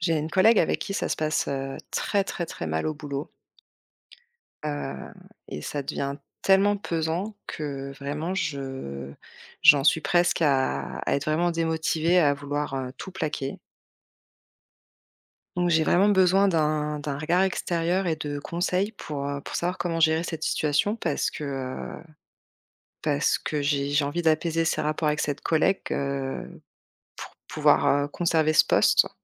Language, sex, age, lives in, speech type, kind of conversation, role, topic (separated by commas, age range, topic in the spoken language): French, female, 35-39, France, conversation, advice, user, Comment faire face à une perte de sens au travail malgré la sécurité salariale ?